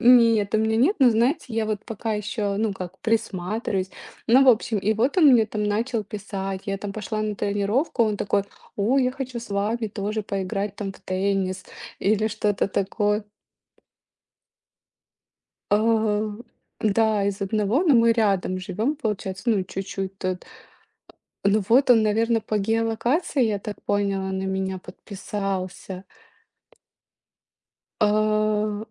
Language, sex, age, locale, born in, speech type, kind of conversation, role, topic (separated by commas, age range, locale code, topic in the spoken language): Russian, female, 35-39, ru-RU, Ukraine, conversation, unstructured, none, Почему в интернете так много агрессии и ненависти?